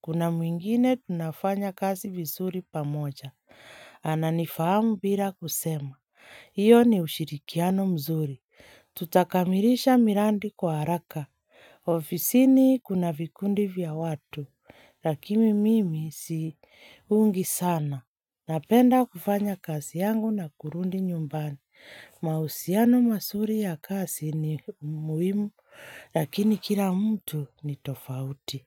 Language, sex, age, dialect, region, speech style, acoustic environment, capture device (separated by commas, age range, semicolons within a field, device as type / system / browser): Swahili, female, 25-34, Kenya - Nairobi Sheng-influenced, Kenya, relaxed; focused; happy, silence, mobile / Linux / Chrome